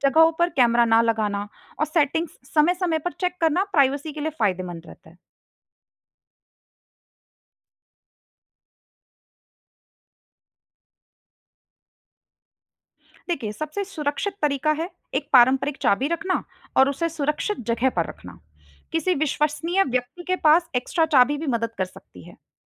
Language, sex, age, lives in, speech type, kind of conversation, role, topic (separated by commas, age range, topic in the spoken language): Hindi, female, 25-29, India, read, expert-assistant, expert, घर को स्मार्ट होम में बदलने के लाभ और संभावित चुनौतियाँ क्या हो सकती हैं?